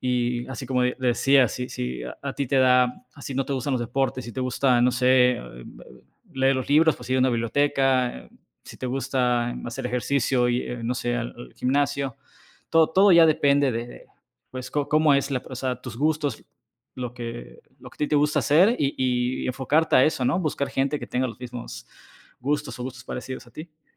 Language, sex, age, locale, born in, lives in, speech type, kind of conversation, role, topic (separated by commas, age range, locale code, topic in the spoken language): Spanish, male, 35-39, es-MX, Mexico, Mexico, conversation, podcast, guest, ¿Qué consejos darías para hacer nuevos amigos?